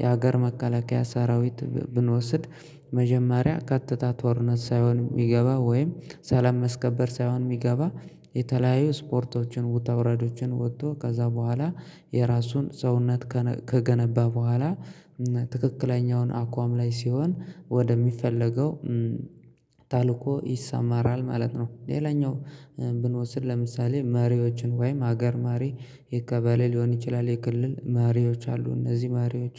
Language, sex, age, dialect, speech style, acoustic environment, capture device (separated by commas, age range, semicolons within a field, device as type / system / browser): Amharic, male, 25-34, Ethiopia - Gojjam, excited; happy, silence, mobile / Linux / Chrome